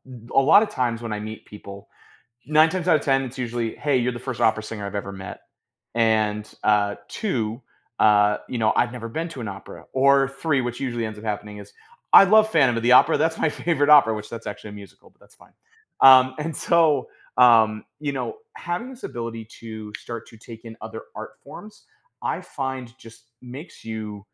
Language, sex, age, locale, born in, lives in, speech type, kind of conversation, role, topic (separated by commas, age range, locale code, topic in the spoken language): English, male, 35-39, en-US, United States, United States, conversation, podcast, guest, How does greater diversity in entertainment shape the stories we see and the way we relate to them?